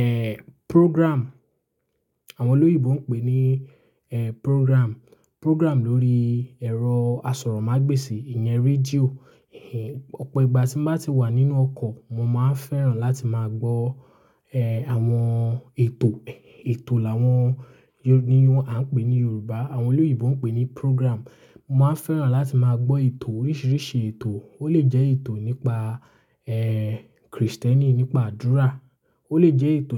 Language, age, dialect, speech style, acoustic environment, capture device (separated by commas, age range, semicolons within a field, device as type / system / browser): Yoruba, 18-24, Nigeria - Lagos Yoruba, relaxed, nature, mobile / Linux / Chrome